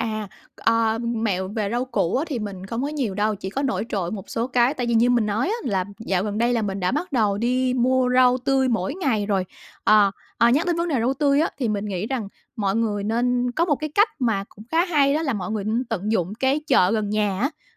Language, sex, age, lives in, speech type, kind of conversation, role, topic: Vietnamese, female, 35-39, Vietnam, conversation, podcast, guest, Bạn làm thế nào để giảm lãng phí thức ăn mỗi tuần?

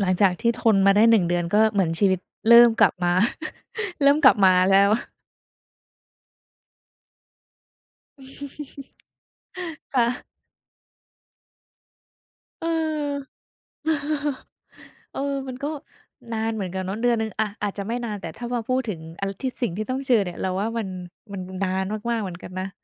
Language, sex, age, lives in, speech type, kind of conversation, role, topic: Thai, female, 20-24, Thailand, conversation, podcast, host, ทำอย่างไรถึงจะรักษาสมดุลงานกับชีวิตส่วนตัวได้จริงๆ?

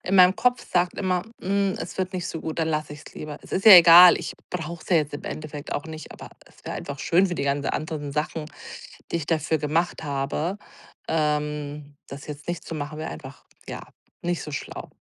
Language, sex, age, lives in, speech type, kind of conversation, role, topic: German, female, 40-44, Germany, conversation, advice, user, Wie kann ich den Perfektionsdruck loslassen und einfach anfangen?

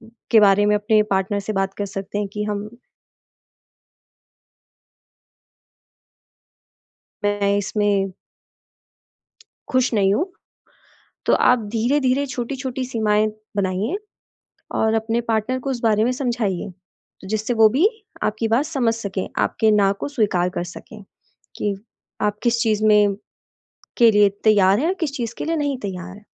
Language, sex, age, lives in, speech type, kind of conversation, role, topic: Hindi, female, 25-29, India, conversation, advice, advisor, मैं नए रिश्ते में सही सीमाएँ कैसे तय करूँ?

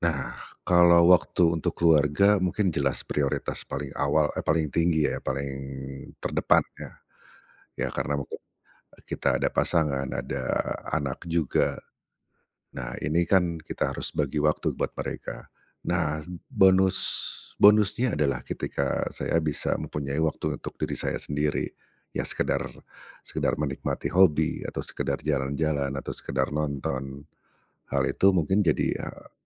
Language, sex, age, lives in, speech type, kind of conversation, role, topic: Indonesian, male, 45-49, Indonesia, conversation, advice, user, Bagaimana cara saya mengganti karier agar bisa menjalani hidup yang lebih seimbang?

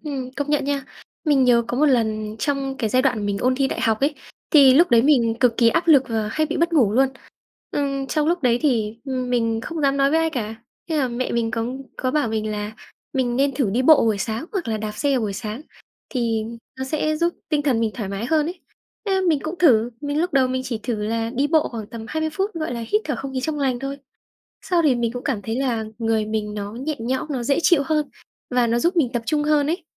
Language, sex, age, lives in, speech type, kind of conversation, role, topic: Vietnamese, female, 20-24, Vietnam, conversation, unstructured, none, Tập thể dục có thể giúp giảm căng thẳng như thế nào?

